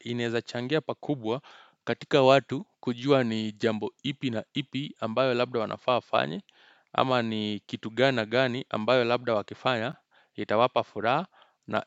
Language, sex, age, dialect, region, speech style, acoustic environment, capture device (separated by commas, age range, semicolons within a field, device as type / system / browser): Swahili, male, 25-34, Kenya - Nairobi Sheng-influenced, Kenya, happy, silence, desktop / Windows / Chrome